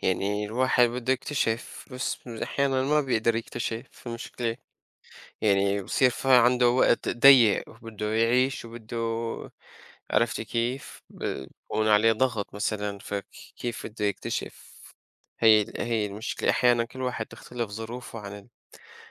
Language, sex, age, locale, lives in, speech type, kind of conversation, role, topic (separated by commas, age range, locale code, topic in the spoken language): Arabic, male, 25-29, ar-SY, United States, conversation, unstructured, none, هل المدارس بتهتم بتعليم المهارات العملية كفاية؟